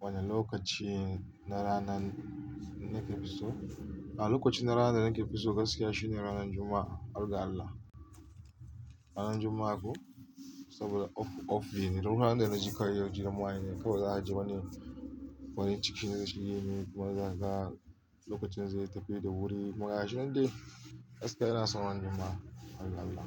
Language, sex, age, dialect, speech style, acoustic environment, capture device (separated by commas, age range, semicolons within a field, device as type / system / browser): Hausa, male, 35-44, Nigeria - Kano, relaxed; anxious, nature, mobile / Linux / Chrome